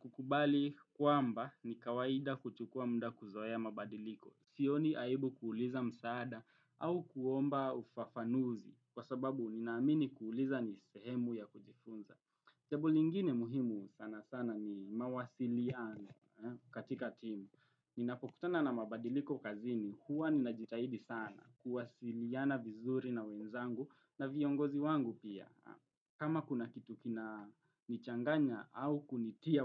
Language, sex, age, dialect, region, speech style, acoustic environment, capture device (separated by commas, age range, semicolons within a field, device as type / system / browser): Swahili, male, 18-24, Kenya - Nairobi Sheng-influenced, Kenya, relaxed; happy, traffic, desktop / Windows / Chrome